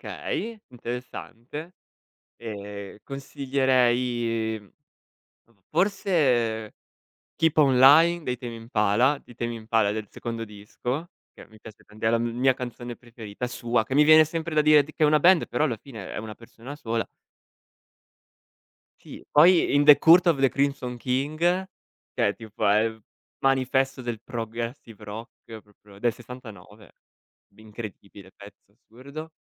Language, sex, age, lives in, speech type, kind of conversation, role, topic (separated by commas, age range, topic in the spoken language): Italian, male, 25-29, Italy, conversation, podcast, guest, Come hai scoperto la musica che ascolti ancora oggi?